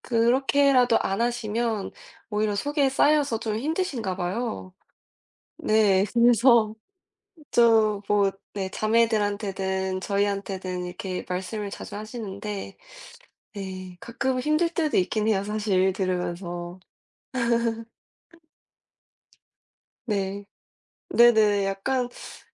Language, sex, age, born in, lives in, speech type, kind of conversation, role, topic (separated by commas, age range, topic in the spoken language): Korean, female, 20-24, South Korea, United States, conversation, unstructured, none, 마음이 아플 때 주변 사람들에게 속마음을 털어놓는 게 왜 힘들까요?